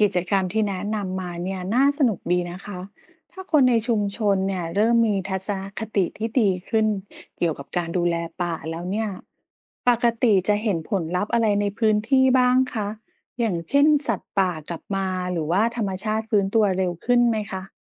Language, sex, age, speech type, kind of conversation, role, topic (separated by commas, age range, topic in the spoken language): Thai, female, 40-44, read, expert-assistant, asker, เวลาเราปลูกต้นไม้เพิ่มเพื่อฟื้นฟูพื้นที่ธรรมชาติ มันได้ผลเหมือนกับป่าธรรมชาติจริงไหม หรือมีอะไรที่ต่างกัน?